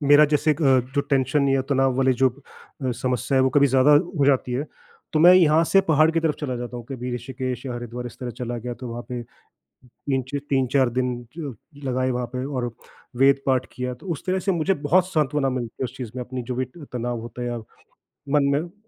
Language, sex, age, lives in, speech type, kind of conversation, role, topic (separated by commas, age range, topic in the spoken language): Hindi, male, 40-44, India, conversation, unstructured, none, खुश रहने के लिए आप कौन-कौन सी आदतें अपनाते हैं?